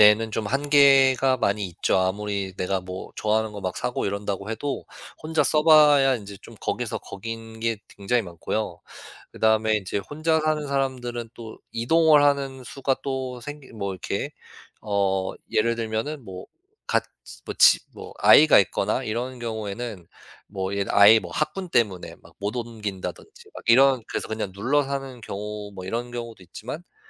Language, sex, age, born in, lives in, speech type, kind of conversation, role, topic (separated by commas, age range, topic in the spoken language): Korean, male, 35-39, United States, United States, conversation, podcast, guest, 요즘 미니멀 라이프가 자연에 어떤 영향을 준다고 생각하시나요?